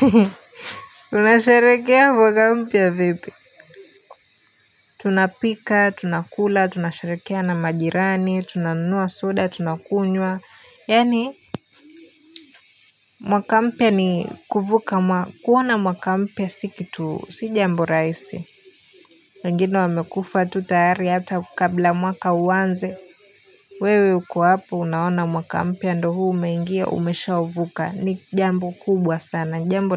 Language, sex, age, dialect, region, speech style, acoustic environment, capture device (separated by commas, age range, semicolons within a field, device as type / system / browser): Swahili, female, 18-24, Kenya - Nairobi Sheng-influenced, Kenya, happy, people talking; silence; nature, mobile / Linux / Chrome